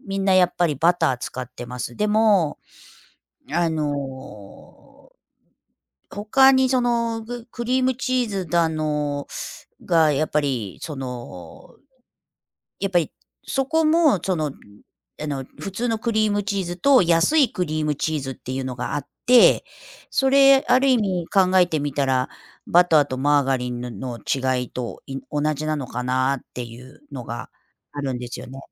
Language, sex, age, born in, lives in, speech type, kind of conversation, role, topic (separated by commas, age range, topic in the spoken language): Japanese, female, 55-59, Japan, United States, conversation, unstructured, none, 外食の安全性について不安に感じることはありますか？